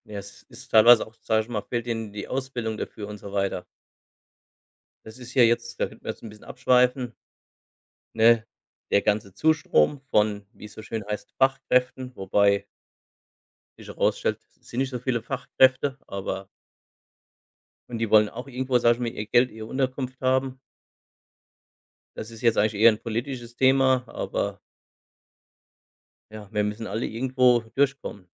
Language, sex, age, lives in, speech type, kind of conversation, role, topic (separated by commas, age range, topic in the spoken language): German, male, 45-49, Germany, conversation, unstructured, none, Was findest du an neuen Erfindungen am spannendsten?